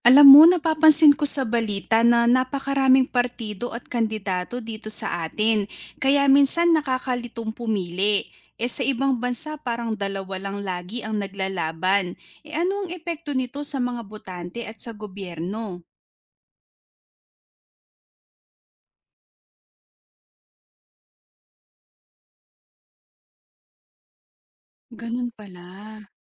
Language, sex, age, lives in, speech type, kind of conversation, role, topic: Filipino, female, 25-29, Philippines, read, expert-assistant, asker, Ano ang mga mabubuti at hindi magandang epekto ng pagkakaroon ng maraming partido sa eleksyon kumpara sa dalawa lang?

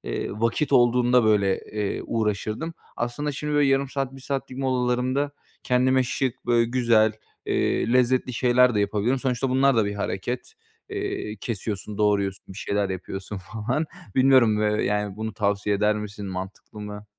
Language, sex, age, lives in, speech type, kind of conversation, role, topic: Turkish, male, 25-29, Bulgaria, conversation, advice, user, Kısa molalarla iş gününde nasıl daha fazla hareket edebilirim?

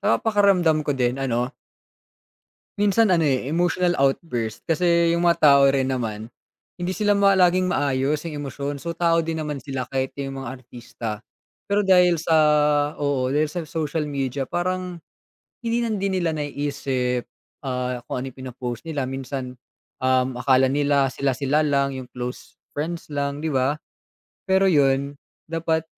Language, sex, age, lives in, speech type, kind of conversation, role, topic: Filipino, male, 20-24, Philippines, conversation, unstructured, none, Ano ang masasabi mo sa pag-abuso ng ilang artista sa paggamit ng social media?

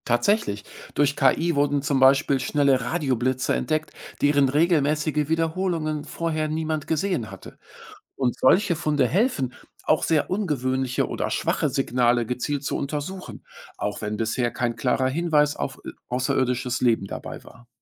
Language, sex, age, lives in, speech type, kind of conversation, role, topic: German, male, 45-49, Germany, read, expert-assistant, expert, Kann es sein, dass wir Hinweise auf Leben im All übersehen, weil wir zu sehr nach dem suchen, was wir von der Erde kennen?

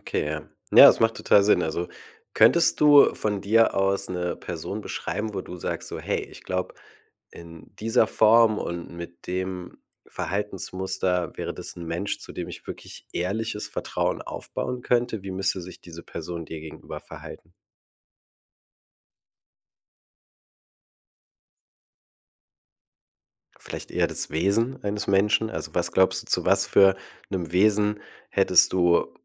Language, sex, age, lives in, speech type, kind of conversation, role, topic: German, male, 35-39, Germany, conversation, podcast, host, Was bedeutet Vertrauen in einer Beziehung für dich?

